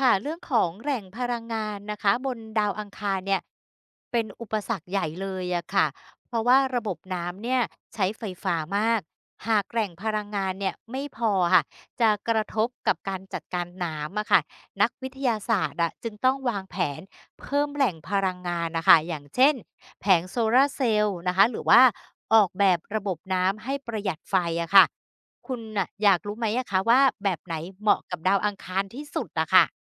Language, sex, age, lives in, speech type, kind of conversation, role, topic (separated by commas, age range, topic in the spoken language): Thai, female, 50-54, Thailand, read, expert-assistant, expert, ระหว่างดาวอังคารกับดวงจันทร์ ถ้าจะไปสำรวจที่ไหนน่าตื่นเต้นกว่ากัน?